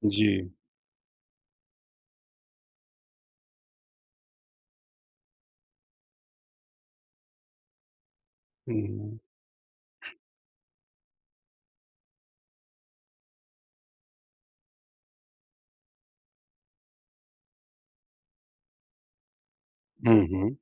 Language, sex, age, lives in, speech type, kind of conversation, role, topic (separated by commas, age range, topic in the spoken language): Hindi, male, 40-44, India, conversation, advice, advisor, मैं आराम-सुविधाओं पर खर्च बढ़ाते हुए भी अपनी बचत कैसे बढ़ा सकता हूँ?